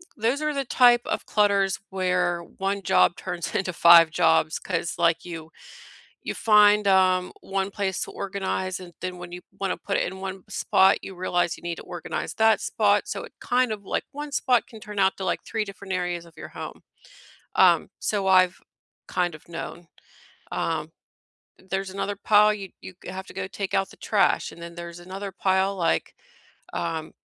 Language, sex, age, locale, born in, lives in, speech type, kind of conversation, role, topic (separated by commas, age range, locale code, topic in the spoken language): English, female, 40-44, en-US, United States, United States, conversation, unstructured, none, How can you refresh a room in one day on a budget?